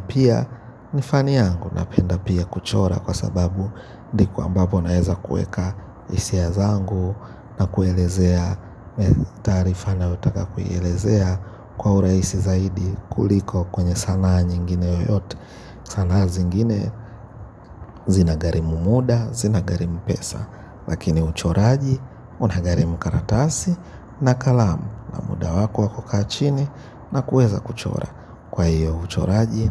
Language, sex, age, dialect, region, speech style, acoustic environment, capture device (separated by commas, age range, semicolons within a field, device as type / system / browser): Swahili, male, 25-34, Kenya - Nairobi Sheng-influenced, Kenya, relaxed, nature, mobile / Linux / Chrome